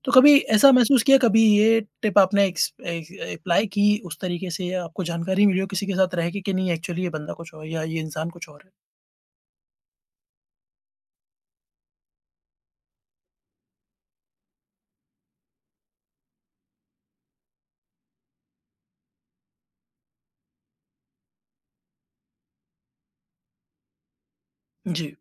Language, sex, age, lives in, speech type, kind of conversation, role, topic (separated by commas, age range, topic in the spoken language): Hindi, male, 30-34, India, conversation, podcast, host, Kaunsi baatein tumhe kisi se turant hi close feel karwa deti hain?